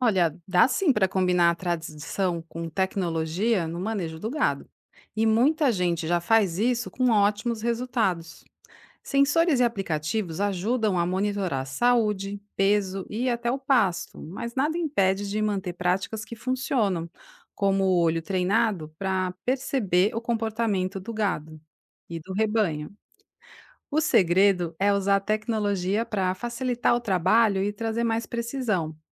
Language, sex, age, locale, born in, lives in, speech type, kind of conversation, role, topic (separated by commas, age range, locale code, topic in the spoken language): Portuguese, female, 45-49, pt-BR, Brazil, Italy, read, expert-assistant, expert, Como conciliar práticas tradicionais e tecnologias modernas no manejo do gado?